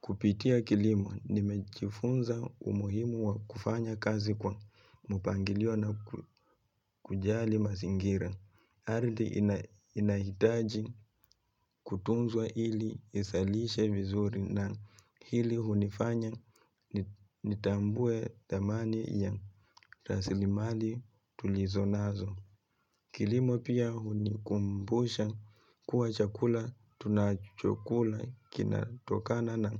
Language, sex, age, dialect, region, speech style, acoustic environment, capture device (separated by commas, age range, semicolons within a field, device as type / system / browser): Swahili, male, 25-34, Kenya - Nairobi Sheng-influenced, Kenya, relaxed, nature; silence, mobile / Linux / Chrome